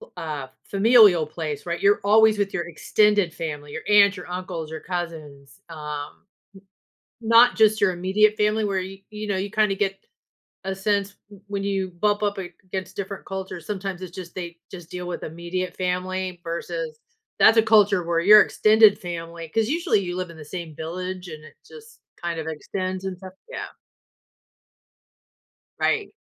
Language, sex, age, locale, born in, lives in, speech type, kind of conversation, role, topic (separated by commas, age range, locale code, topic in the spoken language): English, female, 55-59, en-US, United States, United States, conversation, podcast, host, How do our backgrounds and traditions shape the way we cook and enjoy food?